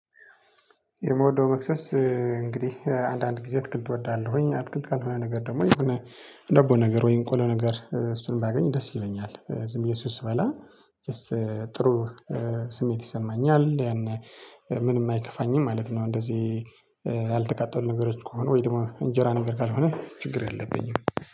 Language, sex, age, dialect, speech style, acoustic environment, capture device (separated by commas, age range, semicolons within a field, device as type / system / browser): Amharic, male, 25-34, Ethiopia - Tigray, happy, nature; traffic, mobile / Linux / Chrome